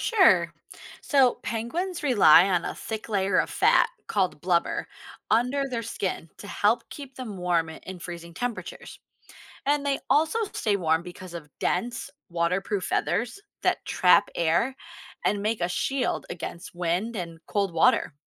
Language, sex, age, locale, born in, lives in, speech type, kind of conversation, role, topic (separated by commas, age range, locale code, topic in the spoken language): English, female, 35-39, en-US, United States, United States, read, expert-assistant, expert, How do penguins stay warm in cold climates?